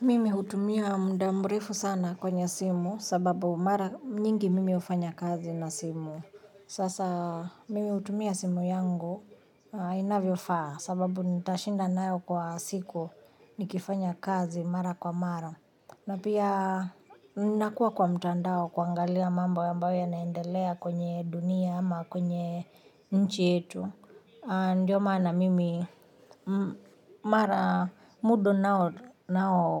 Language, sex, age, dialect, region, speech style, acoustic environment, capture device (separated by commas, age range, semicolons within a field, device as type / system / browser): Swahili, female, 35-44, Kenya - Nairobi Sheng-influenced, Kenya, happy, music, mobile / Linux / Chrome